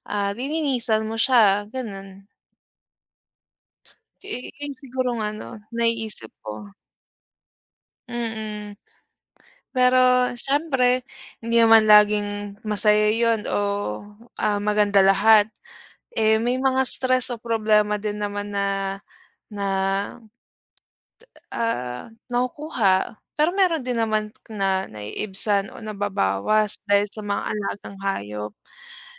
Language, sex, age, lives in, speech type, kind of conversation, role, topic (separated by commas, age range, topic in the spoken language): Filipino, female, 25-29, Philippines, conversation, unstructured, none, Ano ang mga benepisyo ng pagkakaroon ng alagang hayop sa isang pamilya?